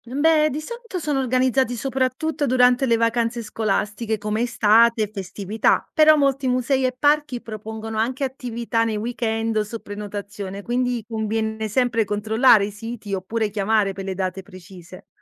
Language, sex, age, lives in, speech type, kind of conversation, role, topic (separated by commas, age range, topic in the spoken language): Italian, female, 30-34, Italy, read, expert-assistant, expert, Dove possono i bambini conoscere da vicino i fossili di dinosauro in Italia?